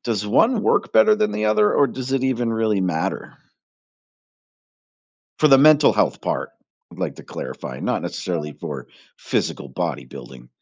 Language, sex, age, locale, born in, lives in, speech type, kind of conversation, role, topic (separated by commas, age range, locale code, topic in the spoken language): English, male, 45-49, en-US, United States, United States, conversation, podcast, host, In what ways can regular movement or exercise support better mental well-being?